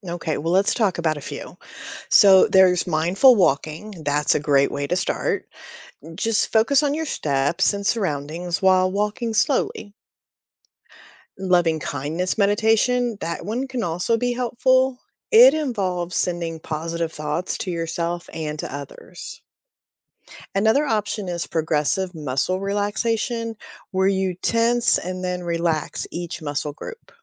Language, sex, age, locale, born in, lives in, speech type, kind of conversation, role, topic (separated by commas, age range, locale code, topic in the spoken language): English, female, 45-49, en-US, United States, United States, read, expert-assistant, expert, How does mindfulness practice affect stress and well-being?